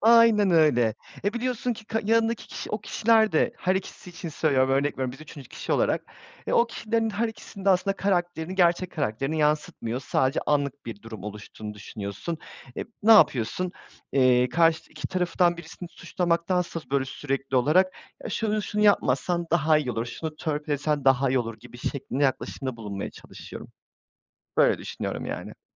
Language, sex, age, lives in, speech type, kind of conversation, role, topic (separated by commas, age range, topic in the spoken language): Turkish, male, 30-34, Poland, conversation, unstructured, none, Geçmişte sana yapılan bir haksızlık yüzünden hâlâ kızgın mısın?